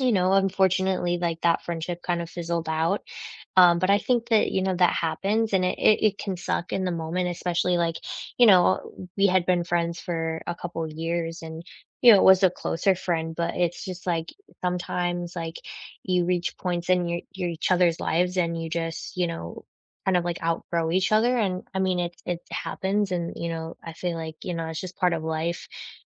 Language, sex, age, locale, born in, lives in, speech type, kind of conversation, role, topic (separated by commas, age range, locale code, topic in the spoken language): English, female, 25-29, en-US, United States, United States, conversation, unstructured, none, How do you thoughtfully check in on friends' wellbeing while strengthening your connection?